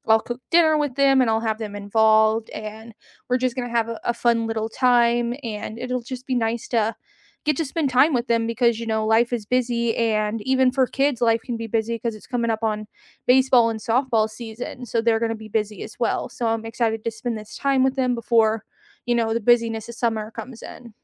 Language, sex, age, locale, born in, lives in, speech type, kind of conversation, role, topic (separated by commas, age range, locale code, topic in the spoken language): English, female, 30-34, en-US, United States, United States, conversation, unstructured, none, What are you looking forward to this month?